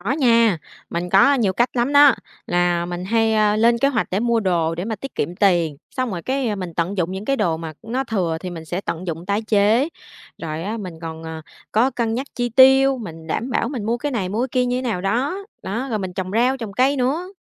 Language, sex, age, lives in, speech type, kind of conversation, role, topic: Vietnamese, female, 25-29, Vietnam, conversation, podcast, guest, Bạn làm gì để giảm lãng phí thực phẩm trong gia đình?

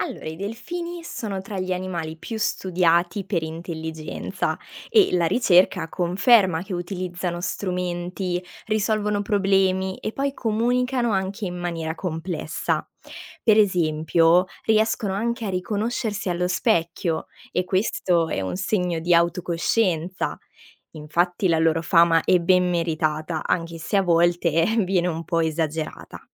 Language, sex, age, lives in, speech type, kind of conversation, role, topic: Italian, female, 20-24, Italy, read, expert-assistant, expert, I delfini hanno davvero una cultura e tradizioni come gli esseri umani?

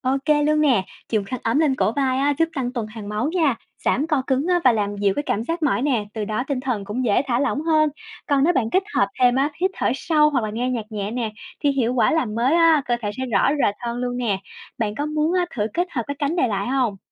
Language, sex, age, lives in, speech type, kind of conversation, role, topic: Vietnamese, female, 25-29, Vietnam, read, expert-assistant, expert, Có cách nào giúp cơ thể phục hồi nhanh sau những ngày làm việc căng thẳng không?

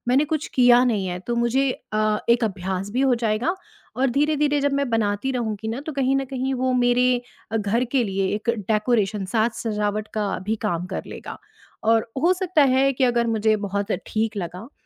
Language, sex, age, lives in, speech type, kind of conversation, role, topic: Hindi, female, 35-39, India, conversation, advice, user, मैं रचनात्मक काम टालता/टालती हूँ और शुरुआत नहीं कर पा रहा/रही हूँ—मैं कैसे शुरू करूँ?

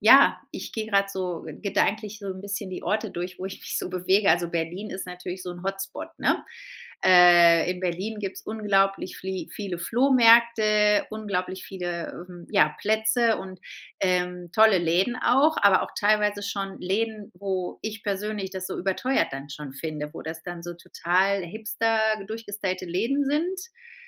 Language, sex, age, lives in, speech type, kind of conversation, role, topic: German, female, 35-39, Spain, conversation, podcast, guest, Welche Rolle spielt Secondhand beim Ausdruck deiner Persönlichkeit?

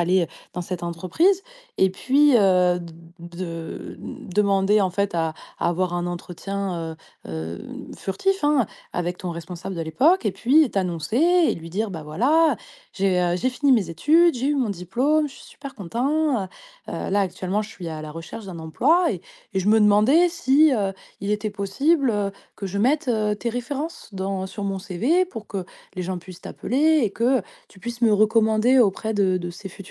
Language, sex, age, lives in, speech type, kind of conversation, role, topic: French, female, 30-34, France, conversation, advice, advisor, Dois-je reprendre des études ou changer de formation ?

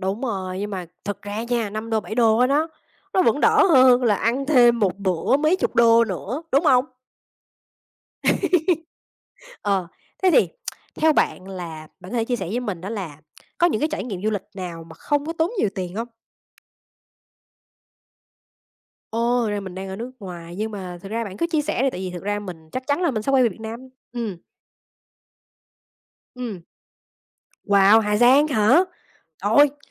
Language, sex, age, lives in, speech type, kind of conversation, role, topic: Vietnamese, female, 30-34, United States, conversation, unstructured, none, Bạn sẽ thuyết phục ai đó như thế nào rằng du lịch không nhất thiết phải tốn kém?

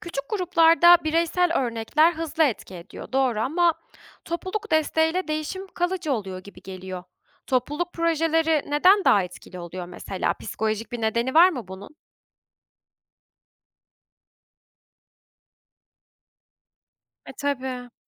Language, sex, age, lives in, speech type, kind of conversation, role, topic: Turkish, female, 25-29, Germany, read, expert-assistant, asker, Ekolojik ayak izimi azaltırken sosyal hayatımdan veya konforumdan ödün vermeden dengeyi nasıl kurabilirim?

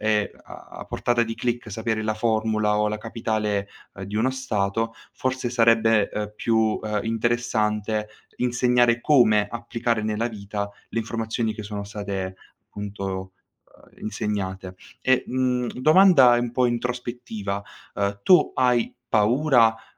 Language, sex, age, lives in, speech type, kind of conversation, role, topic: Italian, male, 18-19, Italy, conversation, podcast, host, In che modo la tecnologia sta cambiando il modo in cui impariamo oggi?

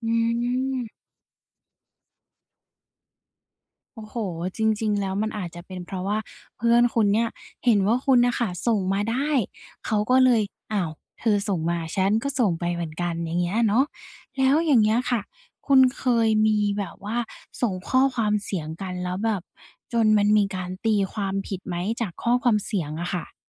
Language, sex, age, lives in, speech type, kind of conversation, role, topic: Thai, female, 20-24, Thailand, conversation, podcast, host, คุณชอบส่งข้อความเสียงไหม และทำไมถึงชอบหรือไม่ชอบ?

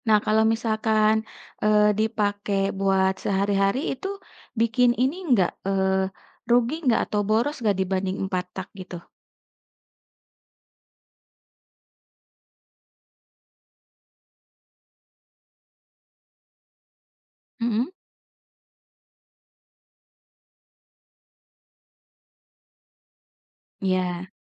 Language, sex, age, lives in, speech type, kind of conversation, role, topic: Indonesian, female, 35-39, Indonesia, conversation, podcast, host, Hobi apa yang paling kamu suka saat ini?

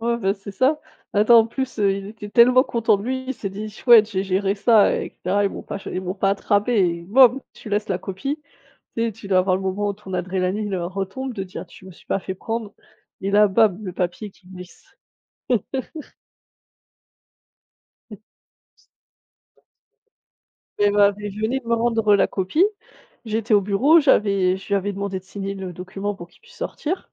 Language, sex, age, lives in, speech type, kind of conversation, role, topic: French, female, 30-34, Germany, conversation, unstructured, none, Est-il acceptable de tricher pour réussir un examen ?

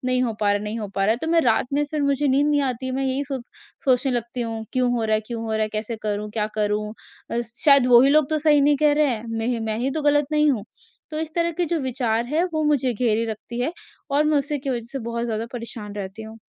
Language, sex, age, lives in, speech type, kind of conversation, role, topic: Hindi, female, 45-49, India, conversation, advice, user, रचनात्मक काम की आलोचना मिलने पर आप हतोत्साहित क्यों महसूस करते हैं?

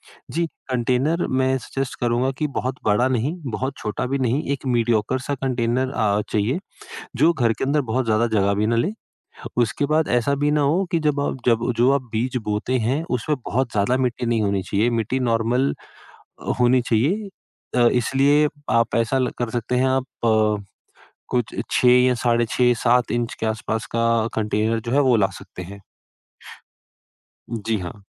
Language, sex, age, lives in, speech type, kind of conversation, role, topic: Hindi, female, 55-59, India, conversation, podcast, guest, Tum gardening ki shuruaat aasani se kaise karte ho?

